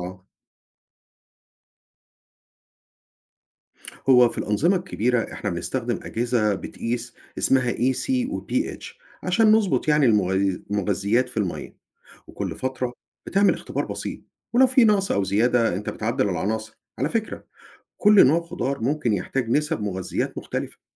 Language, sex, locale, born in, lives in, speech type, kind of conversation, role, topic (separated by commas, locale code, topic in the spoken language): Arabic, male, ar-EG, Egypt, United States, read, expert-assistant, expert, إيه البدائل والتقنيات المختلفة اللي ممكن نستخدمها في الزراعة المستدامة بدل الطرق التقليدية؟